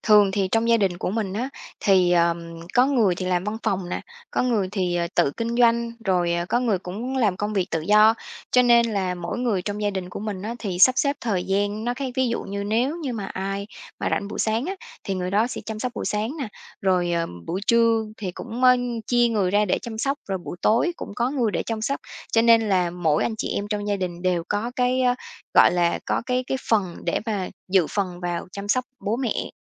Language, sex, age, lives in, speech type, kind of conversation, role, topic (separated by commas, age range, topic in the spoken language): Vietnamese, female, 30-34, Vietnam, conversation, podcast, guest, Khi cần chăm sóc bố mẹ già, bạn sẽ quyết định như thế nào?